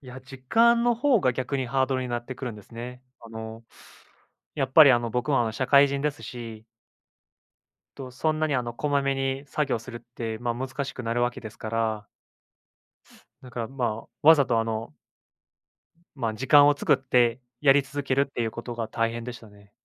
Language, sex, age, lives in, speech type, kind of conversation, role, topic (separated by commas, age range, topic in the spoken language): Japanese, male, 25-29, Japan, conversation, unstructured, none, 好きな趣味を続けるうえで、いちばん大変なことは何ですか？